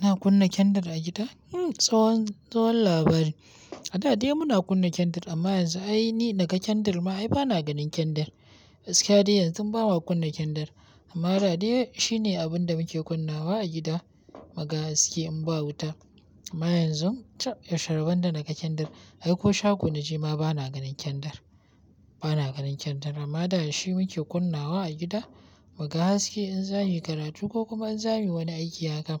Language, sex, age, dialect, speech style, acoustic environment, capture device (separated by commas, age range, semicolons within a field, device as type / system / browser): Hausa, male, 18-24, Nigeria - Kano, happy, nature; silence, mobile / Linux / Chrome